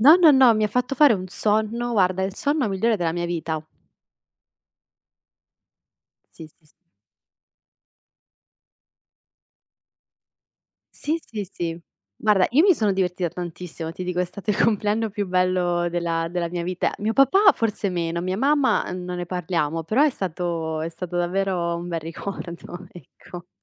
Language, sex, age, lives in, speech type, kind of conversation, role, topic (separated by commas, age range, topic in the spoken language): Italian, female, 25-29, Italy, conversation, podcast, guest, Qual è il ricordo più caro della tua infanzia?